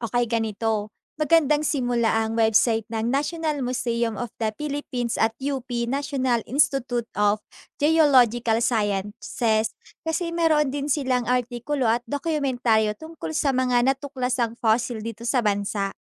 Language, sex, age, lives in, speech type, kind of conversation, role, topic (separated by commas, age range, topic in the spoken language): Filipino, female, 20-24, Philippines, read, expert-assistant, expert, Ano ang proseso ng pagtuklas at pag-aaral ng mga fossil dito sa Pilipinas?